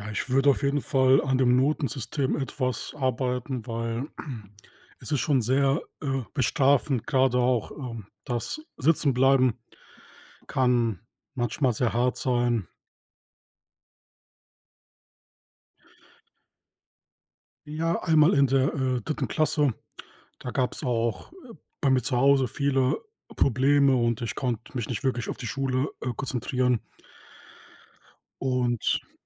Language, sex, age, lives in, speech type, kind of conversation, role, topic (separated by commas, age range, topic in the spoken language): German, male, 30-34, Germany, conversation, podcast, guest, Was sollte die Schule deiner Meinung nach anders machen?